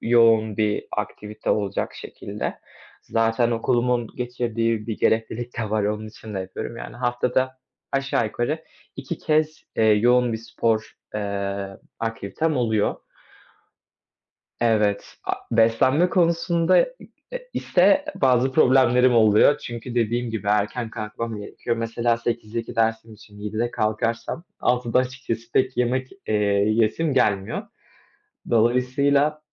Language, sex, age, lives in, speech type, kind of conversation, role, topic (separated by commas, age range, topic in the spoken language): Turkish, male, 20-24, Poland, conversation, advice, user, Zamanımı önceliklendirmekte neden zorlanıyorum?